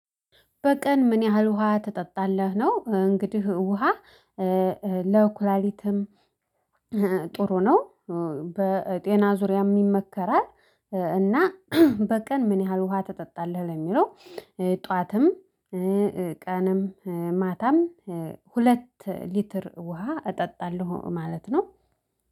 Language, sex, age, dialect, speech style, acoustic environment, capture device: Amharic, female, 25-34, Ethiopia - Addis Ababa, happy; excited, silence, mobile / Linux / Chrome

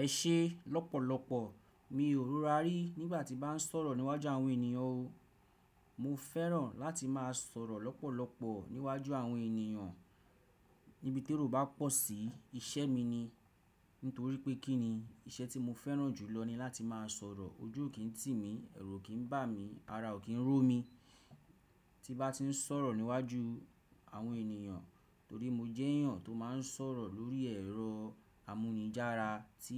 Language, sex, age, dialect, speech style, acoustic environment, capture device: Yoruba, male, 35-44, Nigeria - Nigerian Standard, relaxed, silence, desktop / Windows / Chrome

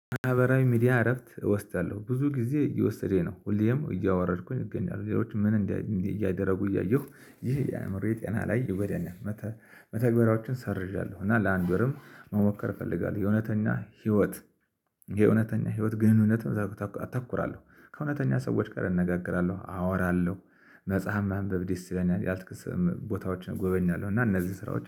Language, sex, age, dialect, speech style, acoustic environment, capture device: Amharic, male, 35-44, Ethiopia - Addis Ababa, excited, silence, mobile / Linux / Chrome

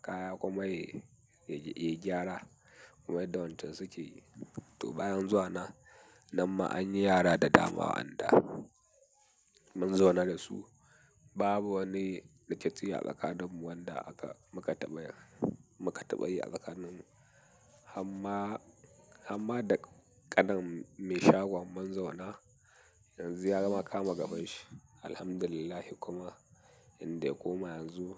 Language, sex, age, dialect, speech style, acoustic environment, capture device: Hausa, male, 18-24, Nigeria - Katsina, happy, nature, mobile / Linux / Chrome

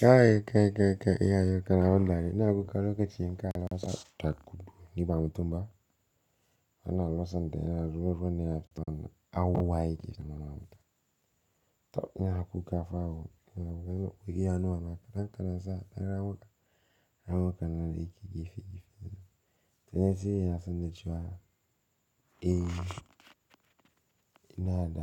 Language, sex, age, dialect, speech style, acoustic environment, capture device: Hausa, male, 18-24, Nigeria - Pidgin-influenced, happy, silence, mobile / Linux / Chrome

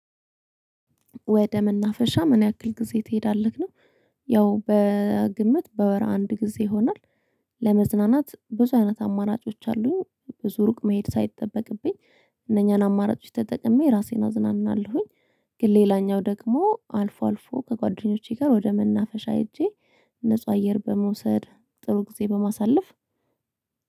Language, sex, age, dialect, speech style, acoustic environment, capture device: Amharic, female, 25-34, Ethiopia - Addis Ababa, relaxed; happy; excited; focused, silence, mobile / Linux / Chrome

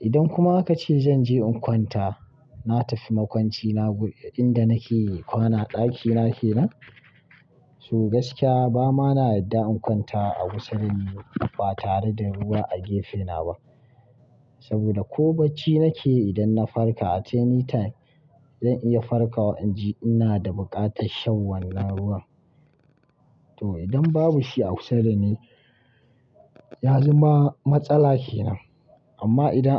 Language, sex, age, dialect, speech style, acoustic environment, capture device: Hausa, male, 25-34, Nigeria - Kano, relaxed, silence, mobile / Linux / Chrome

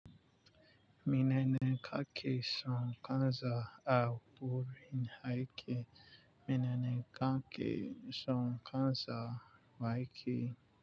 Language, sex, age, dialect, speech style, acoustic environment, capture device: Hausa, male, 25-34, Nigeria - Nigerian Standard, focused, nature, mobile / Linux / Chrome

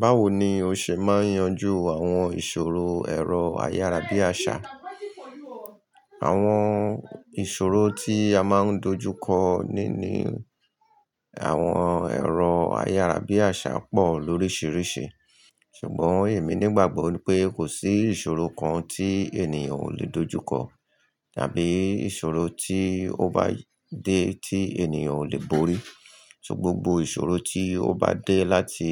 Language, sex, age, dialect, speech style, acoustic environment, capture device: Yoruba, male, 45-54, Nigeria - Lagos Yoruba, happy, people talking, mobile / Linux / Chrome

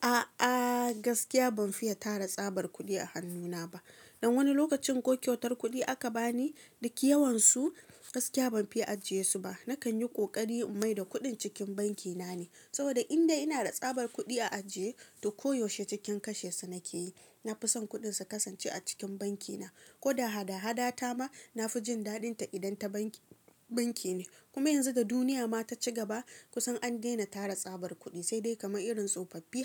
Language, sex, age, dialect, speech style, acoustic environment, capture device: Hausa, female, 25-34, Nigeria - Kano, relaxed; focused, nature; silence, mobile / Linux / Chrome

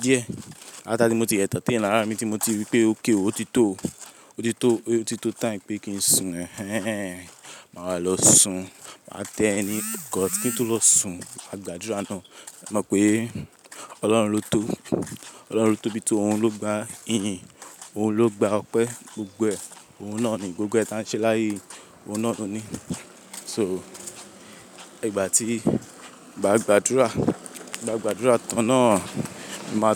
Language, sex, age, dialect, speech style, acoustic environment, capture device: Yoruba, male, 18-24, Nigeria - Lagos, relaxed, people talking; appliances, mobile / Linux / Chrome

